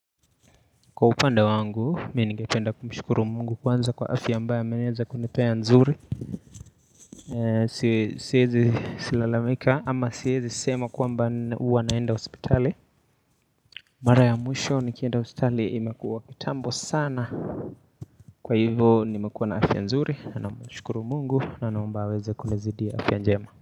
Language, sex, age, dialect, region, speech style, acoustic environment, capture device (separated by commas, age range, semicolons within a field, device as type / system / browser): Swahili, male, 18-24, Kenya - Nairobi Sheng-influenced, Kenya, relaxed; focused, nature, mobile / Linux / Chrome